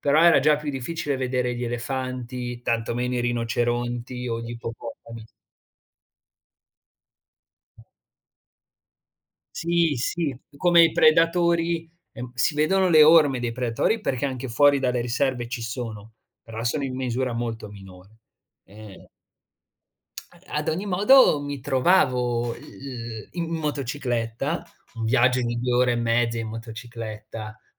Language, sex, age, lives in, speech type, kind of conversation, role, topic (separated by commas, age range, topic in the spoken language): Italian, male, 20-24, Italy, conversation, podcast, guest, Puoi raccontarmi di un incontro inaspettato con la gente del posto?